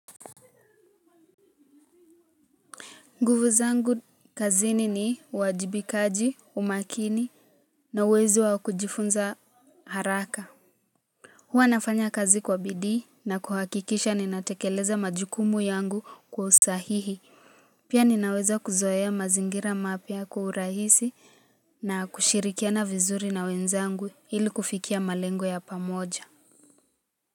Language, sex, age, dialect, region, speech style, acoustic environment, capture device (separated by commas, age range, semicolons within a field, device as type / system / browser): Swahili, female, 18-24, Kenya - Nairobi Sheng-influenced, Kenya, relaxed, music, mobile / Linux / Chrome